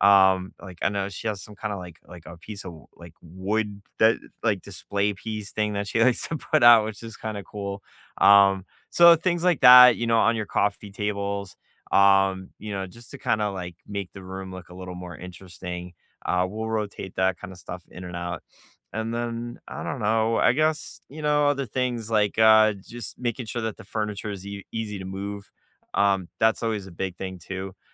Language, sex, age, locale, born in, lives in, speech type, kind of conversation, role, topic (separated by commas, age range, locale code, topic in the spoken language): English, male, 40-44, en-US, United States, United States, conversation, unstructured, none, How do you refresh a tired room on a budget?